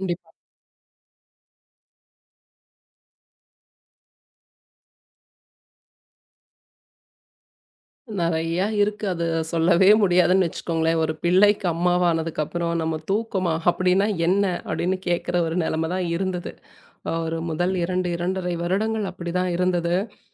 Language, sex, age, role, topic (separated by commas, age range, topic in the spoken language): Tamil, female, 35-39, guest, ஒரு நல்ல உறக்கத்திற்கு உங்கள் இரவு வழக்கம் என்ன?